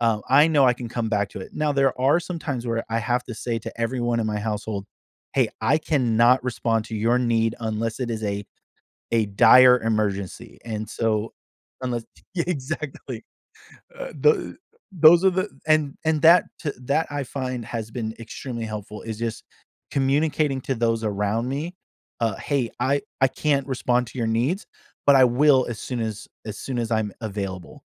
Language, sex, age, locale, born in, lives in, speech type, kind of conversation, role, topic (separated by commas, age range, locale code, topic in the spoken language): English, male, 40-44, en-US, United States, United States, conversation, podcast, guest, What helps people build and stick to healthy routines in daily life?